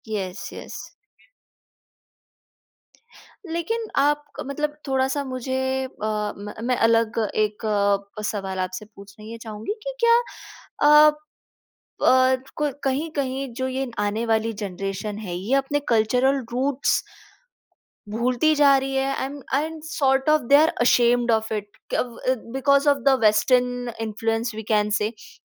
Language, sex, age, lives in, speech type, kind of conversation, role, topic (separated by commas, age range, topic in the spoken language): Hindi, female, 20-24, India, conversation, podcast, host, Tum apne सांस्कृतिक roots ko apne style mein kaise dikhate ho?